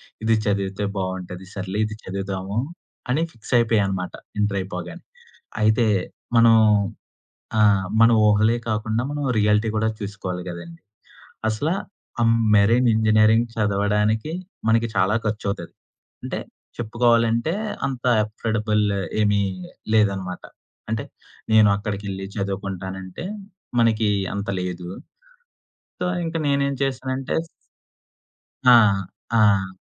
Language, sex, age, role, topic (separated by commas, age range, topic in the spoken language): Telugu, male, 25-29, guest, మీ కెరీర్‌లో మీ ప్రధాన ఆశయం ఏమిటి?